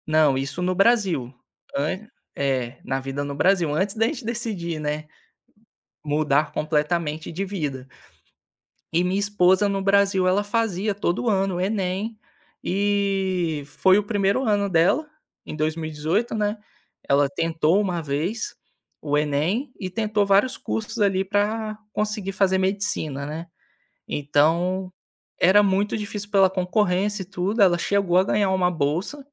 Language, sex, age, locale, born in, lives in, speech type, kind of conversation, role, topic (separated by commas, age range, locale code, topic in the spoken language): Portuguese, male, 25-29, pt-BR, Brazil, Spain, conversation, podcast, guest, Me conta sobre uma viagem que mudou sua vida?